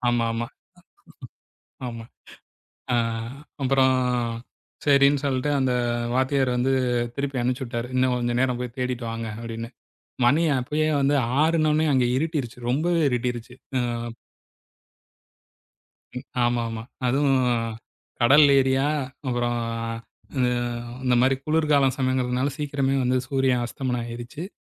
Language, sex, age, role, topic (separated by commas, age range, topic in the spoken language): Tamil, male, 40-44, guest, பயணத்தில் நீங்கள் கற்றுக்கொண்ட மிக முக்கியமான பாடம் என்ன?